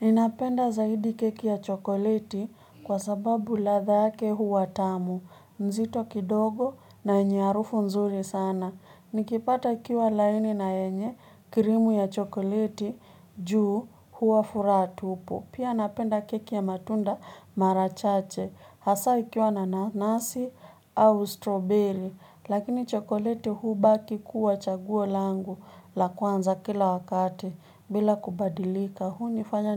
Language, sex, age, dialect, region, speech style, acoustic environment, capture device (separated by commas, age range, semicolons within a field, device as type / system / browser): Swahili, female, 25-34, Kenya - Nairobi Sheng-influenced, Kenya, nervous, people talking, mobile / Linux / Chrome